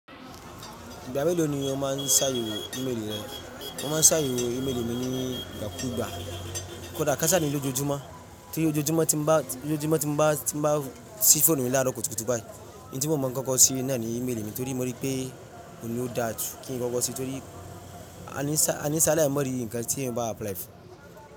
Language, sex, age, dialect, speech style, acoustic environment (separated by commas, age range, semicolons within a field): Yoruba, male, 25-34, Nigeria - Ibadan, relaxed; focused, silence; people talking